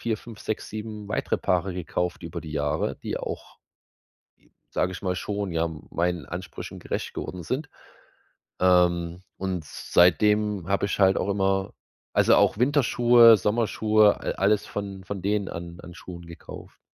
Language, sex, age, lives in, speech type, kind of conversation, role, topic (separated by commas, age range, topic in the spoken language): German, male, 30-34, Germany, conversation, advice, user, Wie entscheide ich beim Einkaufen, ob ich sparen oder auf Qualität setzen soll?